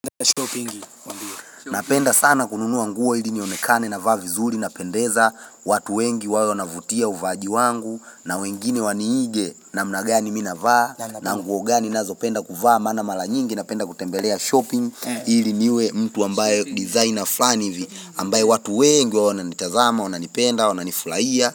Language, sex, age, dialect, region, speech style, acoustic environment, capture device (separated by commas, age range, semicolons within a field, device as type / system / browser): Swahili, male, 25-34, Tanzania - Dar es Salaam, Tanzania, excited, people talking, mobile / Linux / Chrome